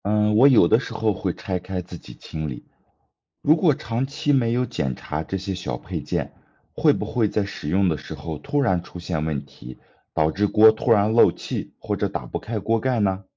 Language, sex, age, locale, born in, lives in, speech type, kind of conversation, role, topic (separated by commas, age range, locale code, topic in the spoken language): Chinese, male, 30-34, zh-CN, China, United States, read, expert-assistant, asker, 请解释为什么热水壶烧水时会有“咕噜咕噜”的声音，并用实验观察沸腾现象。